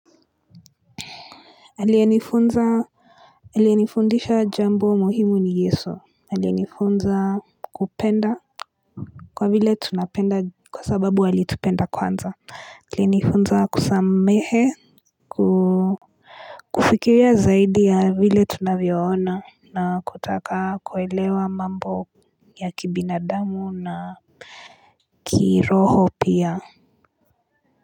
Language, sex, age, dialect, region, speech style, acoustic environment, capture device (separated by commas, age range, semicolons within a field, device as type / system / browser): Swahili, female, 18-24, Kenya - Nairobi Sheng-influenced, Kenya, relaxed, silence, mobile / Linux / Chrome